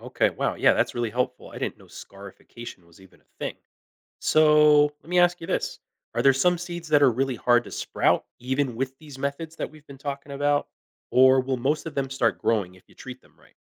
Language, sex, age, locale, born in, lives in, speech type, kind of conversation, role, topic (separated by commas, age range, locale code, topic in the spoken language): English, male, 40-44, en-US, United States, United States, read, expert-assistant, asker, What factors influence how and when seeds sprout and grow into plants?